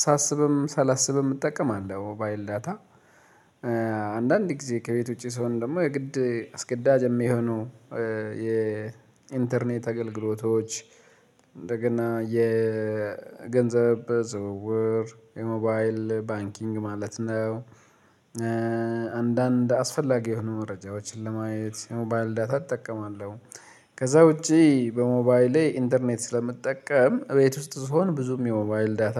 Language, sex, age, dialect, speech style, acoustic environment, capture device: Amharic, male, 35-44, Ethiopia - Addis Ababa, relaxed; focused, silence, mobile / Linux / Chrome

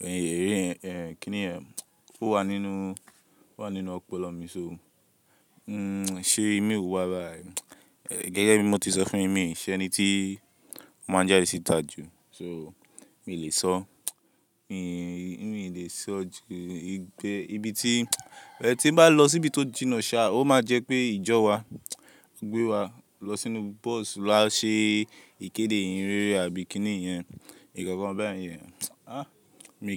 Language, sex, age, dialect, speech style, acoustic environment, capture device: Yoruba, male, 18-24, Nigeria - Lagos, relaxed, people talking; music; appliances; construction, mobile / Linux / Chrome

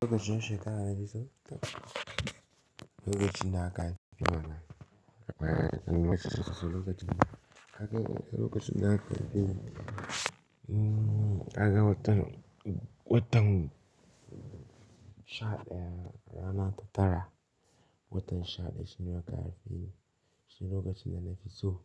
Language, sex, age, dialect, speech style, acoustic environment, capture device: Hausa, male, 18-24, Nigeria - Pidgin-influenced, happy, silence, mobile / Linux / Chrome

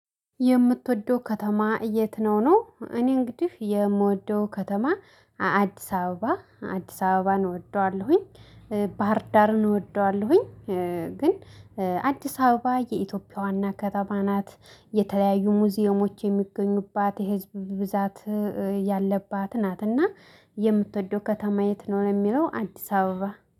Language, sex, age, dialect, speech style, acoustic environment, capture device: Amharic, female, 25-34, Ethiopia - Addis Ababa, excited; happy, silence, mobile / Linux / Chrome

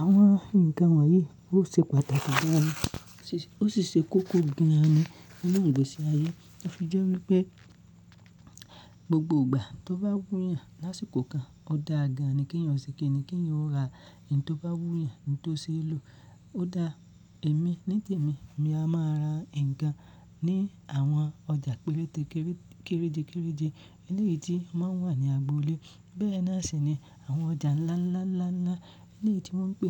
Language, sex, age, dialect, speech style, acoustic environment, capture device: Yoruba, male, 25-34, Nigeria - Ibadan, happy; focused, silence, mobile / Linux / Chrome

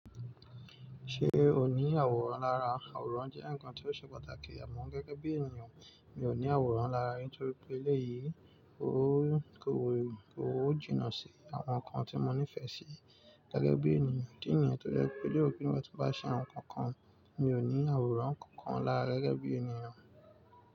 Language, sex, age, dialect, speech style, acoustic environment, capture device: Yoruba, male, 25-34, Nigeria - Nigerian Standard, relaxed, nature, mobile / Linux / Chrome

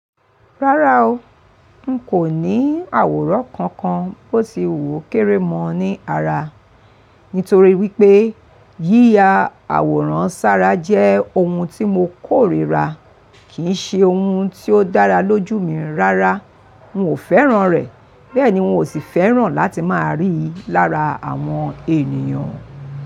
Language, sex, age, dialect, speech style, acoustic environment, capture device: Yoruba, female, 45-54, Nigeria - Lagos Yoruba, focused, people talking; traffic; appliances, mobile / Linux / Chrome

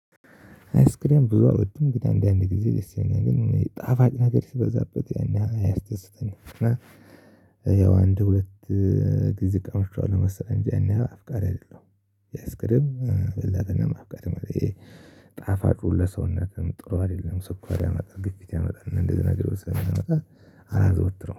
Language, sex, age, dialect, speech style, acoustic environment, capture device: Amharic, male, 35-44, Ethiopia - Addis Ababa, excited, silence, mobile / Linux / Chrome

